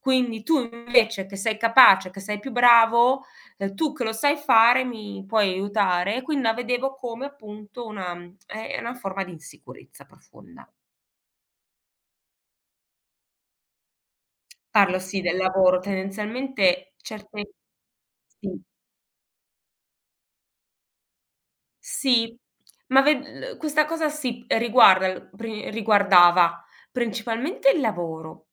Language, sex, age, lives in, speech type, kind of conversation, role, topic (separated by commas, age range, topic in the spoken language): Italian, female, 40-44, Germany, conversation, podcast, guest, Come reagisci quando qualcuno non rispetta i tuoi limiti?